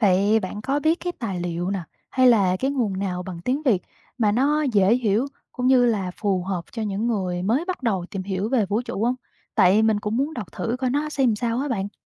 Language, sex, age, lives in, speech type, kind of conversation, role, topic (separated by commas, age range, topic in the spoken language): Vietnamese, female, 25-29, Vietnam, read, expert-assistant, asker, Làm sao để khám phá vũ trụ trở nên dễ tiếp cận và hấp dẫn hơn đối với người mới bắt đầu?